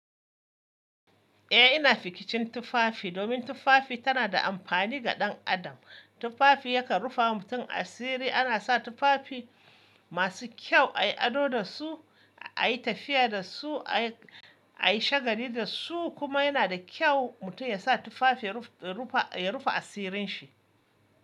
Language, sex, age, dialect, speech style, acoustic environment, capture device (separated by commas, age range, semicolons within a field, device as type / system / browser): Hausa, male, 25-34, Nigeria - Kano, relaxed, appliances, mobile / Linux / Chrome